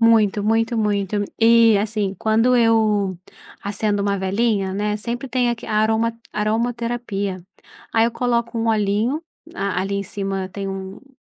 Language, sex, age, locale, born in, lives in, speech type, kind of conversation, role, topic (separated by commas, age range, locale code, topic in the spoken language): Portuguese, female, 35-39, pt-BR, Brazil, Portugal, conversation, podcast, guest, Como você organiza um cantinho de trabalho em casa?